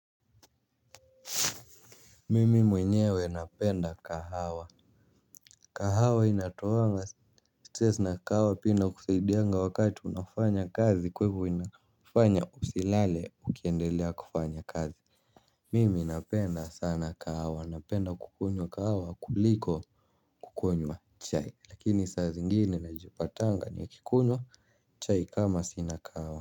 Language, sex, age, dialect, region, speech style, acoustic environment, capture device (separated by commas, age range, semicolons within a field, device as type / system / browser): Swahili, male, 18-24, Kenya - Nairobi Sheng-influenced, Kenya, happy, people talking, mobile / Linux / Chrome